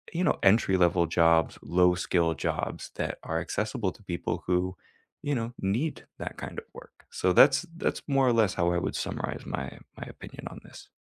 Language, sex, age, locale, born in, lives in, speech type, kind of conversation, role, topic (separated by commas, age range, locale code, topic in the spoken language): English, male, 25-29, en-US, United States, United States, conversation, unstructured, none, Will replacing human jobs with automation overall improve quality of life or harm workers and communities?